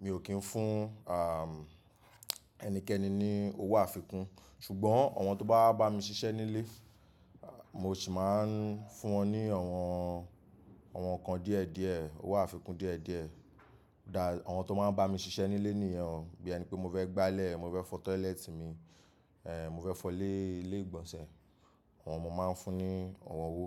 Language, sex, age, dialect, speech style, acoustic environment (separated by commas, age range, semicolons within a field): Yoruba, male, 25-34, Nigeria - Nigerian Standard, happy; excited; focused; relaxed, people talking; music; nature; silence; appliances